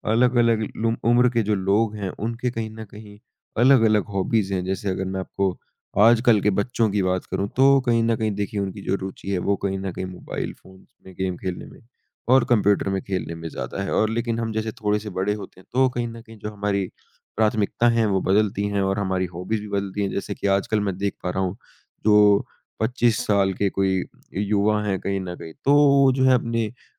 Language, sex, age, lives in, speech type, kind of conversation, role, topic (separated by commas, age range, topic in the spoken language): Hindi, male, 20-24, India, conversation, unstructured, none, क्या आपको लगता है कि शौक़ों का मानसिक स्वास्थ्य पर सकारात्मक प्रभाव पड़ता है?